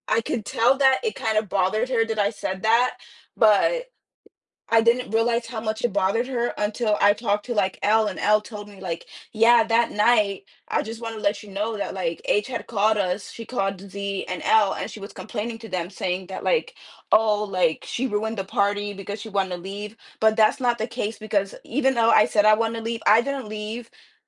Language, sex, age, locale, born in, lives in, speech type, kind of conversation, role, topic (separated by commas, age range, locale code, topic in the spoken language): English, female, 20-24, en-US, United States, United States, conversation, advice, user, How do I talk to my friend when I'm worried I'm letting them down?